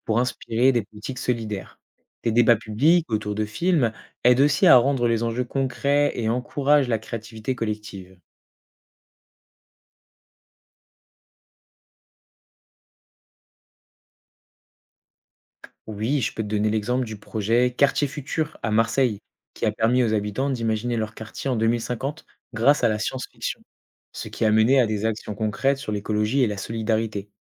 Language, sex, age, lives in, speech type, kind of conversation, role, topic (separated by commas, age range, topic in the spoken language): French, male, 20-24, France, read, expert-assistant, expert, Est-ce que penser à la vie sur d’autres planètes peut m’aider à mieux choisir ce qui compte pour moi ?